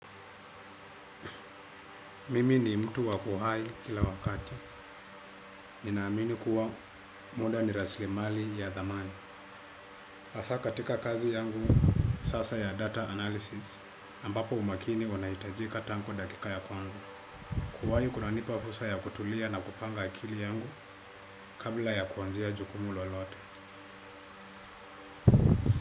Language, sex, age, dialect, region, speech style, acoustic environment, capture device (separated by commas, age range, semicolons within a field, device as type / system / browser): Swahili, male, 25-34, Kenya - Nairobi Sheng-influenced, Kenya, relaxed, silence; appliances, desktop / Windows / Chrome